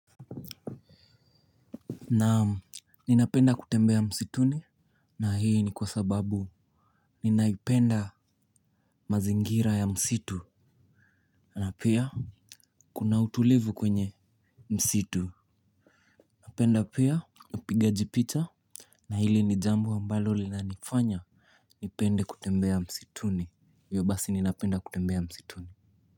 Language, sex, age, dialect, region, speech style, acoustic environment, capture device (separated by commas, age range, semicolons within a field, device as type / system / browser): Swahili, male, 18-24, Kenya - Mombasa, Kenya, focused, silence, mobile / Linux / Chrome